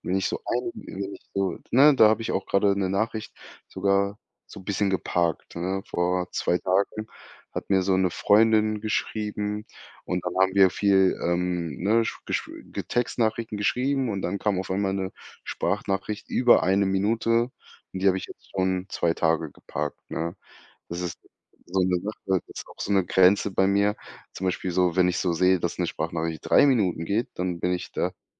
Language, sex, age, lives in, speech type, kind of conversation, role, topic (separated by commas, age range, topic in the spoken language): German, male, 25-29, Germany, conversation, podcast, guest, Wie nutzt du Sprachnachrichten im Alltag?